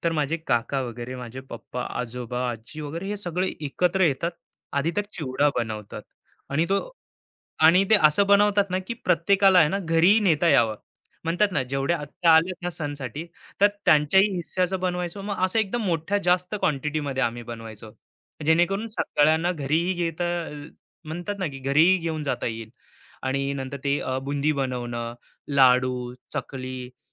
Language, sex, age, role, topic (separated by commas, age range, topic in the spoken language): Marathi, male, 25-29, guest, आमच्या घरी कोणत्या पदार्थासाठी सगळं कुटुंब एकत्र बसून जेवत असे?